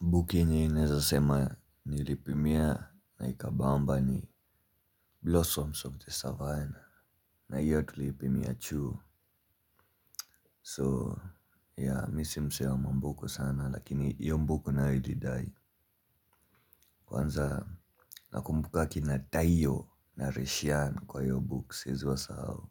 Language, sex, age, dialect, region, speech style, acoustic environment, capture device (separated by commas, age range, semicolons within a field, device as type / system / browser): Swahili, male, 18-24, Kenya - Nairobi Sheng-influenced, Kenya, relaxed, appliances; nature; people talking, mobile / Linux / Chrome